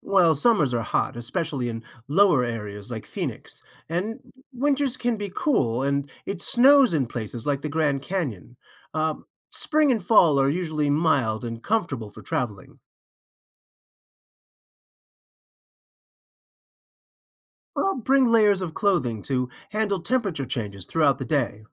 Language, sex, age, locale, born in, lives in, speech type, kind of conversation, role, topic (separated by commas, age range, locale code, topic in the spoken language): English, male, 55-59, en-US, United States, United States, read, expert-assistant, expert, What are the best stops on a 3-day Arizona road trip?